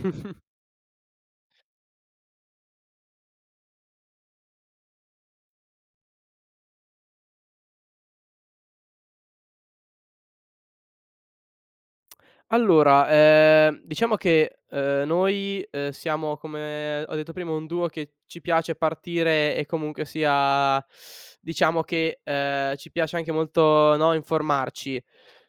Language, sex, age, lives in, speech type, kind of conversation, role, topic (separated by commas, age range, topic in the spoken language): Italian, male, 20-24, Italy, conversation, podcast, guest, Dove trovi ispirazione quando sei a corto di idee?